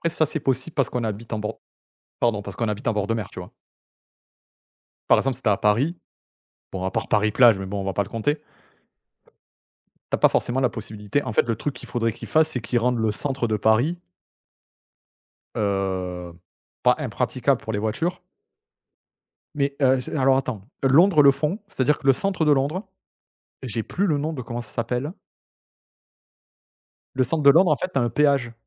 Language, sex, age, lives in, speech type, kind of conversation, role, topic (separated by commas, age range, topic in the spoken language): French, male, 35-39, France, conversation, unstructured, none, Comment réagiriez-vous si votre ville était confrontée à une grave pollution de l’air ?